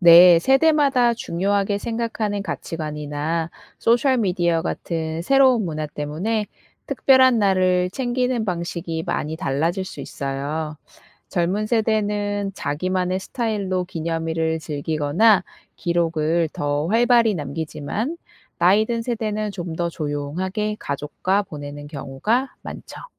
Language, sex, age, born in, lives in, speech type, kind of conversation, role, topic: Korean, female, 35-39, South Korea, South Korea, read, expert-assistant, expert, 왜 우리는 생일이나 기념일을 특별하게 생각할까요?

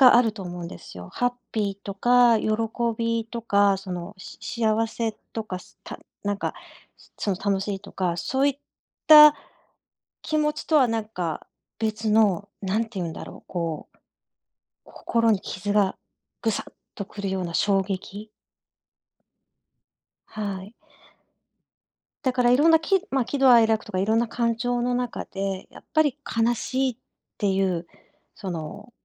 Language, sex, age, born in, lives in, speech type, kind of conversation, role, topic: Japanese, female, 50-54, Japan, Japan, conversation, podcast, guest, なぜ悲しい結末は心に残りやすいと思いますか？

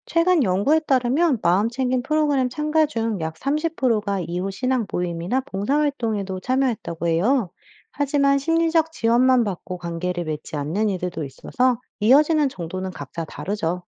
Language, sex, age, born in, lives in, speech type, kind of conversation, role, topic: Korean, female, 35-39, United States, United States, read, expert-assistant, expert, 선행만으로 천국에 갈 수 있다는 말이 정말 사실일까요?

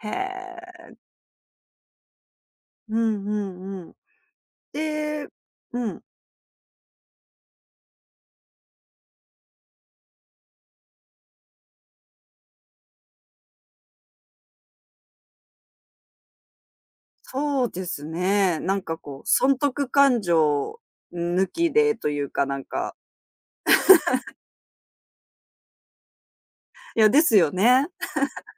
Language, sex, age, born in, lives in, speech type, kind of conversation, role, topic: Japanese, female, 30-34, Japan, Japan, conversation, podcast, host, 学生時代に忘れられない出来事は何ですか？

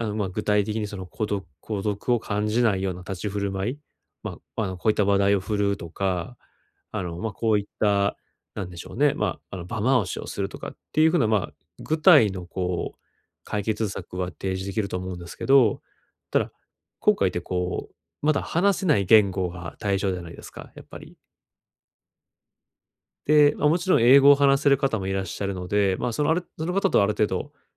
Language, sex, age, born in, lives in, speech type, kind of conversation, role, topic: Japanese, male, 30-34, Japan, Japan, conversation, advice, advisor, パーティーで孤立感を感じるとき、どうすればいいですか？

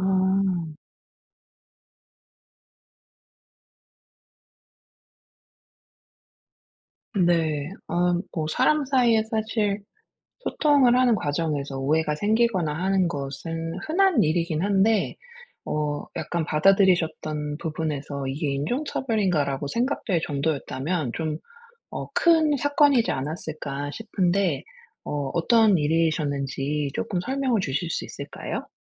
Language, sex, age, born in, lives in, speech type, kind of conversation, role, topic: Korean, female, 40-44, South Korea, United States, conversation, advice, advisor, 갈등 상황에서 어떻게 더 차분하게 소통할 수 있을까요?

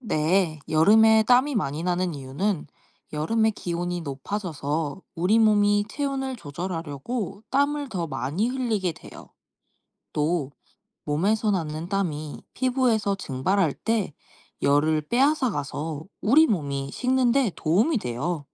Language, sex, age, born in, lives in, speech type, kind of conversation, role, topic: Korean, female, 20-24, South Korea, Japan, read, expert-assistant, expert, 왜 여름에는 땀이 더 많이 날까요?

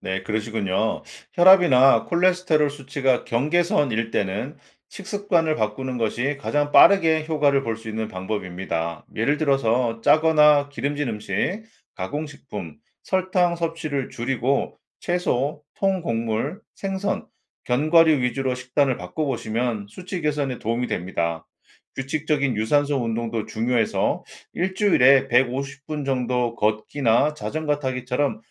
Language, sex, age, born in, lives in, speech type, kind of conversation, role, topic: Korean, male, 45-49, South Korea, United States, read, expert-assistant, expert, 건강검진에서 어떤 항목을 꼭 챙기고 효율적으로 건강을 관리하려면 어떻게 해야 하나요?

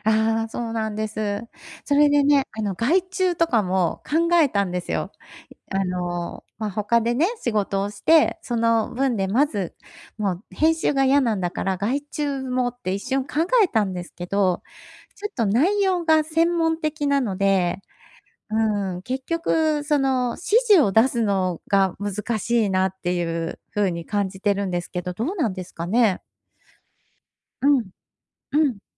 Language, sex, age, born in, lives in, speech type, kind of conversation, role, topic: Japanese, female, 50-54, Japan, Japan, conversation, advice, user, どうすれば理想の成果と現実の差を明確にできますか？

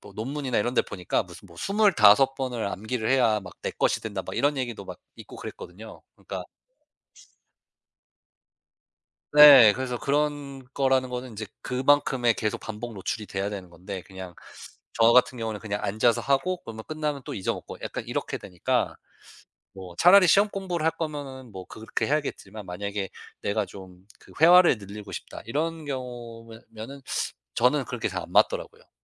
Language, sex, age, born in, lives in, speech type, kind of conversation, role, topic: Korean, male, 35-39, United States, United States, conversation, podcast, guest, 외국어를 배울 때 가장 효과적이었던 방법은 무엇이었나요?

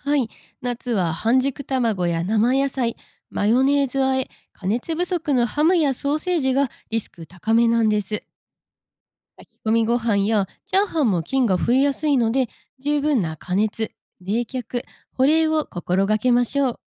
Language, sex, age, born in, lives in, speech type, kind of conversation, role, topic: Japanese, female, 25-29, Japan, Japan, read, expert-assistant, expert, 家庭で食中毒を未然に防ぐための現実的な対策や工夫にはどのようなものがありますか？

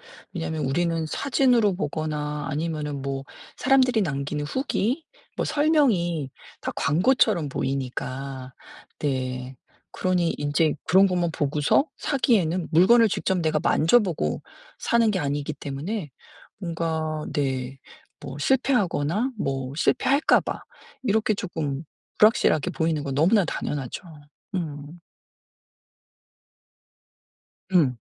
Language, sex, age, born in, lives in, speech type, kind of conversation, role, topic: Korean, female, 50-54, South Korea, United States, conversation, advice, advisor, 온라인 쇼핑에서 제품 품질과 정보를 어떻게 확실하게 확인할 수 있나요?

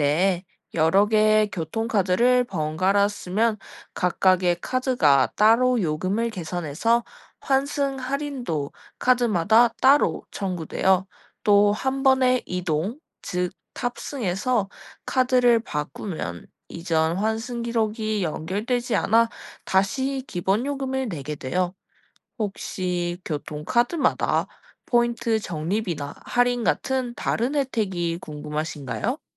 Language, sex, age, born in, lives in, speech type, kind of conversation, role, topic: Korean, female, 20-24, South Korea, Japan, read, expert-assistant, expert, 버스카드는 어떻게 요금을 계산하나요?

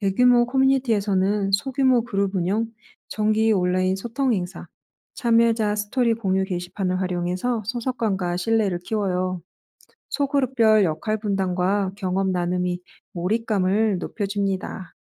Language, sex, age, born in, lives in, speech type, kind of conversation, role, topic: Korean, female, 35-39, South Korea, France, read, expert-assistant, expert, SNS를 통한 사회운동의 확산이 전통적인 방식에 비해 가지는 장점과 단점은 무엇인가요?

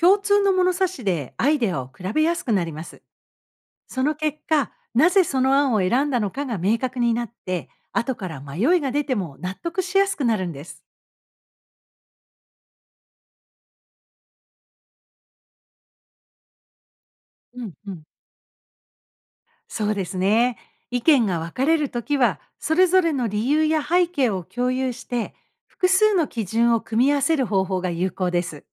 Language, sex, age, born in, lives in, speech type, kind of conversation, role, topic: Japanese, female, 55-59, Japan, United States, read, expert-assistant, expert, 異なる世代や地域で「目標の立て方」にどんな違いがあるのでしょうか？その背景には何があるのでしょうか？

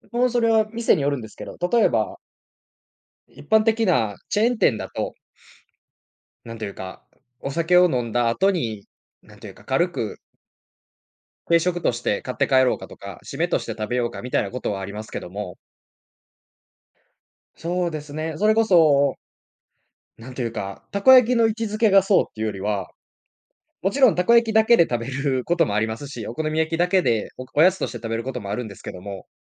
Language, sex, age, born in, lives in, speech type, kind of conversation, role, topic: Japanese, male, 20-24, Japan, Japan, conversation, podcast, guest, 食べ物を通して故郷を感じるのはどんなときですか？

